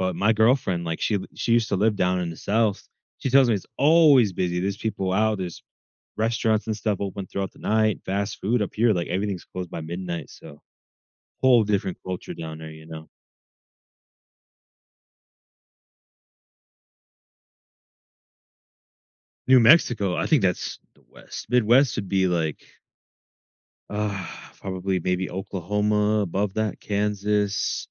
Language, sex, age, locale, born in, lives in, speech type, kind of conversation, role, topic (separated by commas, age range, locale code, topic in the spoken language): English, male, 20-24, en-US, United States, United States, conversation, podcast, host, How does food bring people together?